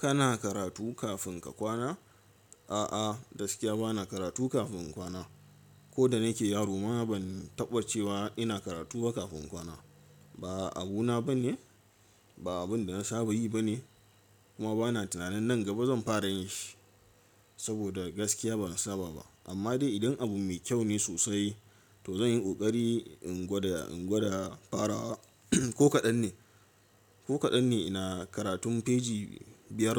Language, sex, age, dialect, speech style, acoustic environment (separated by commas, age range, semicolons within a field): Hausa, male, 18-24, Nigeria - Katsina, excited; focused, silence